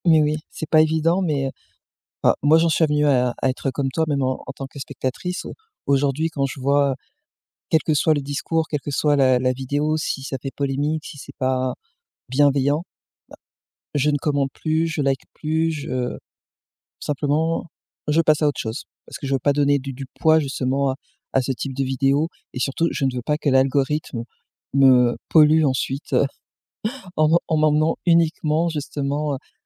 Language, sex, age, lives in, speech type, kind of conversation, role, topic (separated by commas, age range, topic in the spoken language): French, female, 45-49, France, conversation, podcast, host, Comment l’algorithme change-t-il notre façon de créer du contenu ?